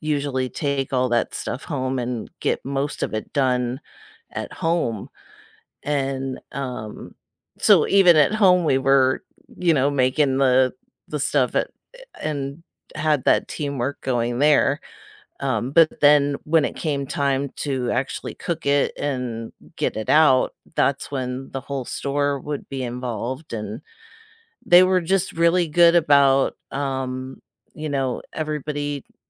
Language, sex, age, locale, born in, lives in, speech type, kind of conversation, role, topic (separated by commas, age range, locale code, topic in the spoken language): English, female, 40-44, en-US, United States, United States, conversation, unstructured, none, What is the best part of working in a team?